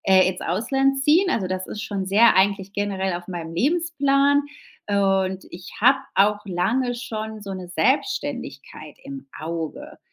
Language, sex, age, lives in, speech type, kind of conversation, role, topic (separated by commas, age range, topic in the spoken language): German, female, 35-39, Spain, conversation, advice, user, Soll ich für meinen Partner umziehen oder meine eigene Karriere priorisieren?